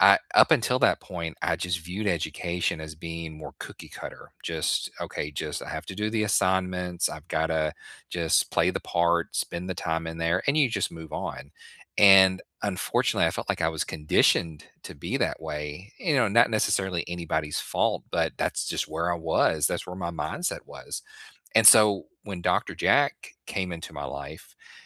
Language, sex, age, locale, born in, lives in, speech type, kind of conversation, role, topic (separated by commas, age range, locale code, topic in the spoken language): English, male, 45-49, en-US, United States, United States, conversation, unstructured, none, Which teacher changed the way you think about learning, and what made that impact last?